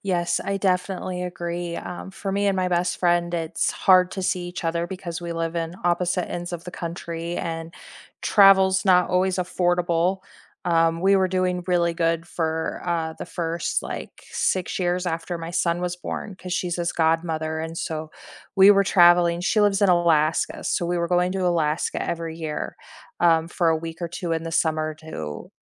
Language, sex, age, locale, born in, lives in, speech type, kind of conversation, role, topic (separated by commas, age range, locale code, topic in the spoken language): English, female, 40-44, en-US, United States, United States, conversation, unstructured, none, How often do you check in with friends, what prompts you to do it, and what makes those check-ins meaningful for both of you?